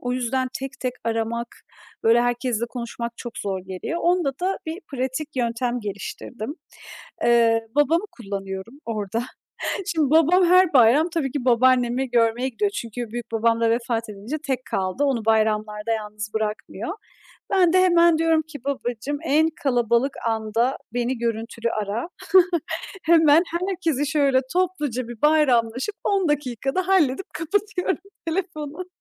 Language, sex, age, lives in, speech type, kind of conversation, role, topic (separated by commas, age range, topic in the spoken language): Turkish, female, 30-34, Estonia, conversation, podcast, guest, Ailenizde törenler ve bayramlar nasıl kutlanırdı?